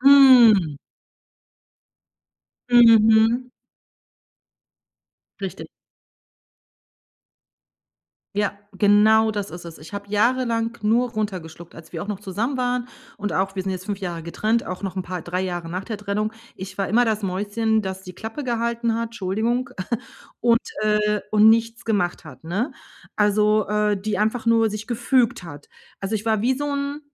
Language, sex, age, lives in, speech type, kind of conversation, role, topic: German, female, 45-49, Germany, conversation, advice, user, Wie kann ich meine emotionalen Reaktionen kontrollieren und erkennen, wann ich mich verteidigen oder loslassen sollte?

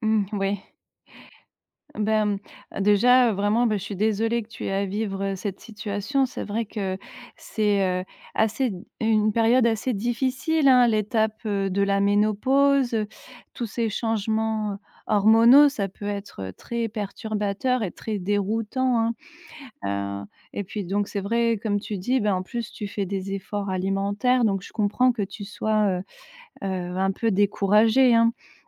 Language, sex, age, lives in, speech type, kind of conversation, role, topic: French, female, 35-39, France, conversation, advice, advisor, Comment te sens-tu lorsque ton corps ou ton apparence te décourage ?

